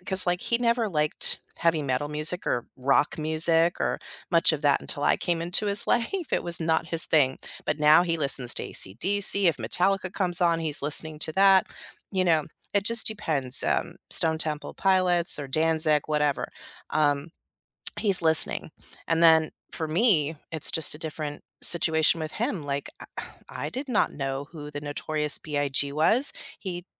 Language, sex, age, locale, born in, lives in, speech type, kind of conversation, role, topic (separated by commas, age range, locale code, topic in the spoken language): English, female, 50-54, en-US, United States, United States, conversation, unstructured, none, How have music, art, and sports shaped your learning journey and helped you connect with others?